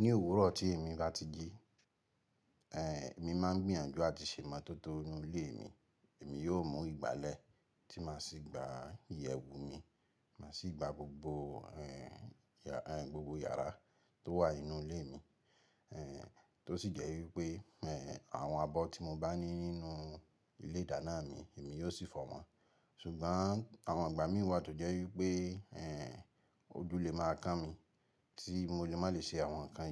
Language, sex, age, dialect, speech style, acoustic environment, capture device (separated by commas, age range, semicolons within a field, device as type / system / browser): Yoruba, male, 25-34, Nigeria - Ibadan, relaxed, silence, mobile / Linux / Chrome